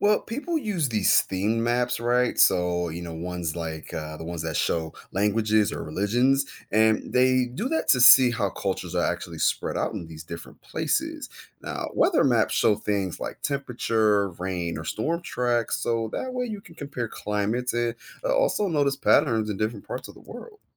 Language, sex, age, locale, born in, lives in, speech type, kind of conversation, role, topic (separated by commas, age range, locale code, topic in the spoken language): English, male, 30-34, en-US, United States, United States, read, expert-assistant, expert, How do maps help people understand the world?